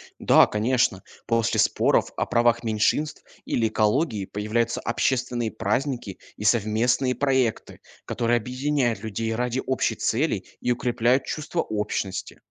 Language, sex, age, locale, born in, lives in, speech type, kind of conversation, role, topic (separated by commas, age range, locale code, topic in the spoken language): Russian, male, 20-24, ru-RU, Russia, Germany, read, expert-assistant, expert, Что бы изменилось в мире, если бы все люди вдруг перестали верить в любую религию?